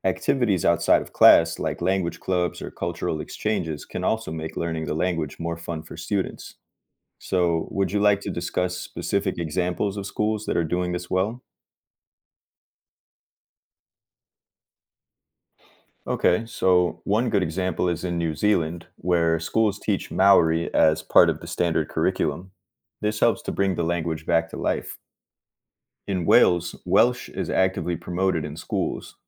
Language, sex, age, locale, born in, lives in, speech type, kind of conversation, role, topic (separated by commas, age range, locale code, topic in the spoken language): English, male, 30-34, en-US, United States, United States, read, expert-assistant, expert, What are the challenges of preserving endangered languages?